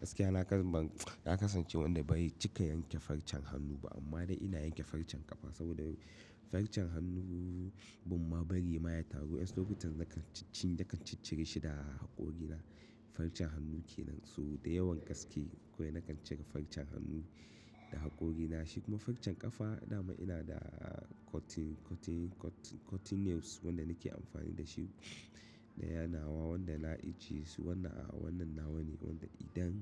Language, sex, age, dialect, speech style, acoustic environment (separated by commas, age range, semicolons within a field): Hausa, male, 25-34, Nigeria - Katsina, happy, nature